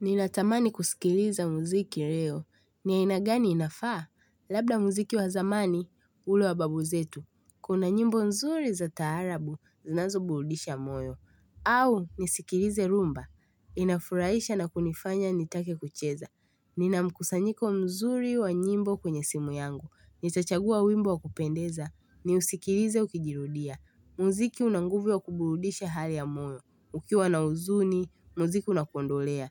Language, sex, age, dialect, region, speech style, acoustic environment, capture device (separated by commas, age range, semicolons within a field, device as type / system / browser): Swahili, female, 18-24, Tanzania - Dar es Salaam, Tanzania, relaxed, nature, mobile / Linux / Chrome